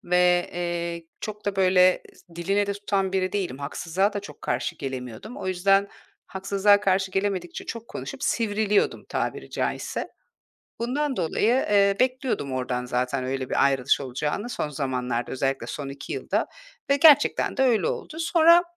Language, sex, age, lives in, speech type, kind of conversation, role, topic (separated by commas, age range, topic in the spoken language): Turkish, female, 40-44, Portugal, conversation, podcast, guest, Hayatını kökten değiştiren bir anını anlatır mısın?